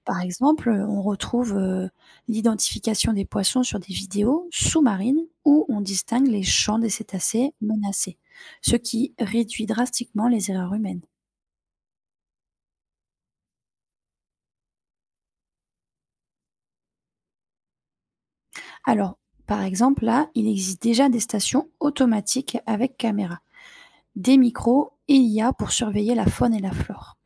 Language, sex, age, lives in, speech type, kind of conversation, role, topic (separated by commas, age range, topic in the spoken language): French, female, 30-34, France, read, expert-assistant, expert, Quelle méthode rend l’étude des océans plus vivante et moins théorique ?